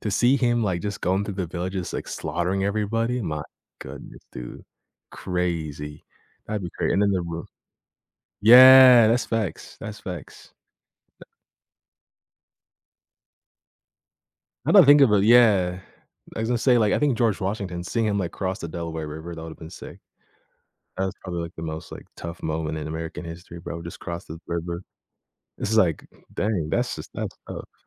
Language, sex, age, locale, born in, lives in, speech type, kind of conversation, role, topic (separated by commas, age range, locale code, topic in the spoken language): English, male, 18-19, en-US, Canada, United States, conversation, unstructured, none, Would you rather travel back in time or see the future?